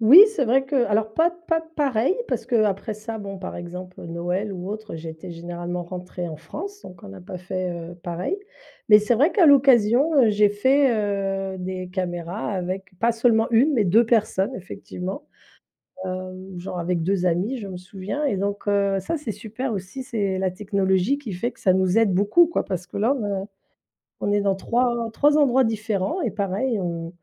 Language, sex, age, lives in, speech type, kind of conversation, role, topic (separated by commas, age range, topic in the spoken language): French, female, 50-54, France, conversation, podcast, guest, Comment maintenir le lien avec ses proches quand ils vivent loin ?